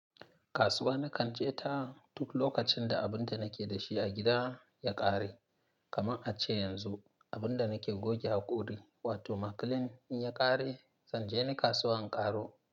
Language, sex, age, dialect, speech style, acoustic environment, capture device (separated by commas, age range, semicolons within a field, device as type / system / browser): Hausa, male, 25-34, Nigeria - Nigerian Standard, happy, silence, mobile / Linux / Chrome